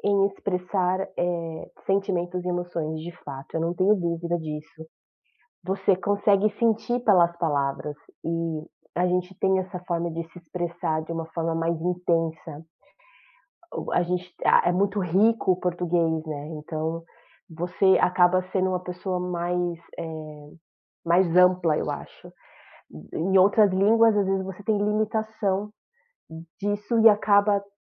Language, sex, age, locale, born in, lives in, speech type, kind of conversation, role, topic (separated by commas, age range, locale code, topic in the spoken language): Portuguese, female, 35-39, pt-BR, Brazil, United States, conversation, podcast, guest, Que papel tem a língua da sua família na sua identidade?